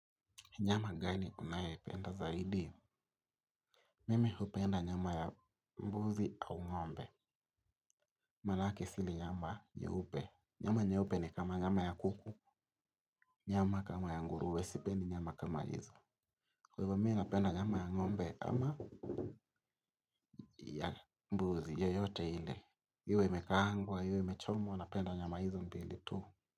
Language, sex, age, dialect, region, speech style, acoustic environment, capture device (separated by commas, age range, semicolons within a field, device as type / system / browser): Swahili, male, 18-24, Kenya - Mombasa, Kenya, focused, silence, mobile / Linux / Chrome